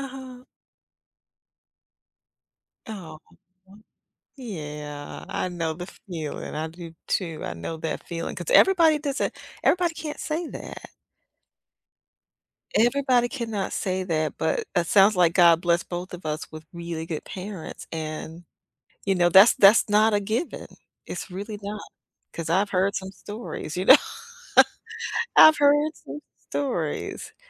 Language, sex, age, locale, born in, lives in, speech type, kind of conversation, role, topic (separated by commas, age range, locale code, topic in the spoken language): English, female, 55-59, en-US, United States, United States, conversation, unstructured, none, Is there a song that instantly takes you back to a special time?